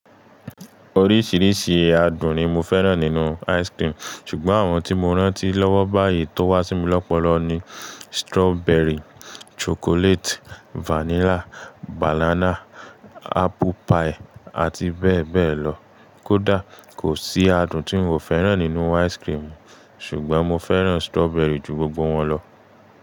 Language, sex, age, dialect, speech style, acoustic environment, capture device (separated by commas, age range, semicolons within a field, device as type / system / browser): Yoruba, male, 25-34, Nigeria - Ibadan, relaxed, appliances; silence, mobile / Linux / Chrome